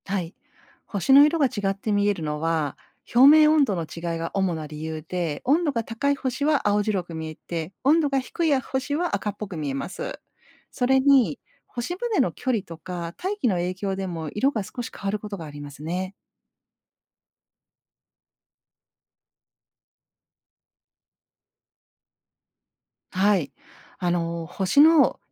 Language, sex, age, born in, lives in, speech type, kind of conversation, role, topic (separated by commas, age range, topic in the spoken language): Japanese, female, 50-54, Japan, Japan, read, expert-assistant, expert, なぜ夜空の星は色が違うのでしょうか？